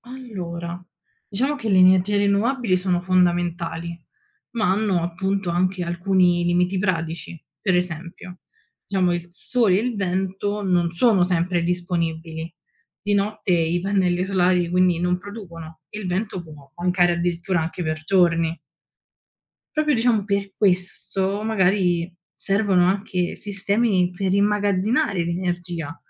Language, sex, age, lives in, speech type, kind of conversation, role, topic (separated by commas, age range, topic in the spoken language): Italian, female, 20-24, Italy, read, expert-assistant, expert, Come funziona l’effetto serra e quale ruolo hanno le energie rinnovabili in Italia?